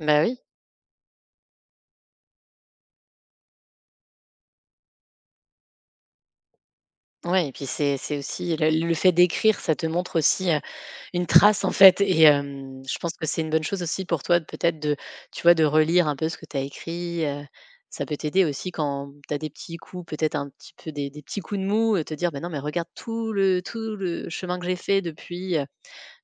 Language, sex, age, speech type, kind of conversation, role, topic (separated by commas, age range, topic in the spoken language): French, female, 35-39, conversation, podcast, host, Peux-tu raconter un moment où tu as dû désapprendre quelque chose ?